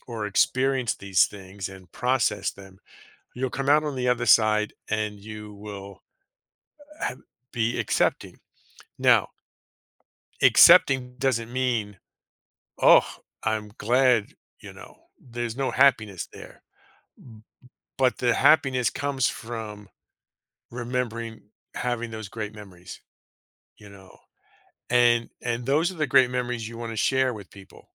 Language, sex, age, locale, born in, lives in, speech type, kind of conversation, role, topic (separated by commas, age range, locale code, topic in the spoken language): English, male, 50-54, en-US, United States, United States, conversation, advice, advisor, How can I cope with grief after a recent loss?